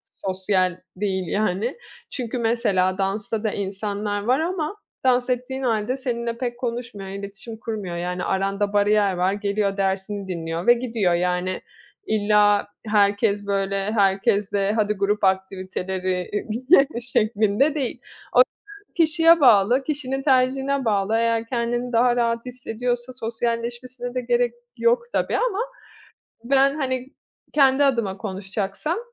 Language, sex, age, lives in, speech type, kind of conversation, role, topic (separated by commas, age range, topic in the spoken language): Turkish, female, 30-34, Italy, conversation, podcast, guest, Hobiler, insanlarla bağ kurmamızda nasıl bir rol oynar?